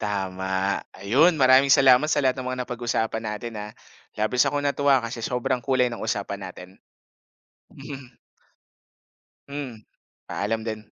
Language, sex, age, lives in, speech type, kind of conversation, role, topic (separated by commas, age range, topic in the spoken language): Filipino, male, 25-29, Philippines, conversation, podcast, host, Paano mo binabalanse ang trabaho mo ngayon at ang mga plano mo sa hinaharap?